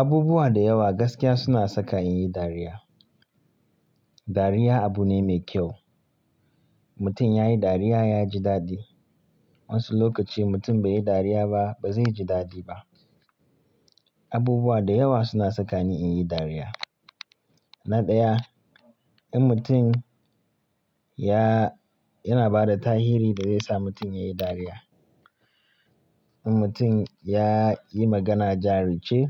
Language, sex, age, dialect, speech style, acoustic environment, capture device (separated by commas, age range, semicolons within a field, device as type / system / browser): Hausa, male, 25-34, Nigeria - Kano, tired, people talking, mobile / Linux / Chrome